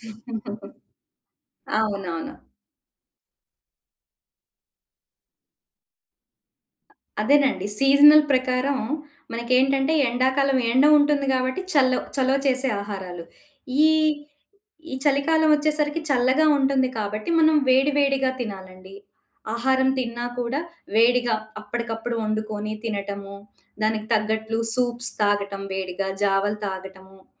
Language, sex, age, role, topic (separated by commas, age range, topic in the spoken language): Telugu, female, 40-44, guest, సీజన్లు మారినప్పుడు మీరు మీ ధ్యాన పద్ధతిని ఎలా మార్చుకుంటారు?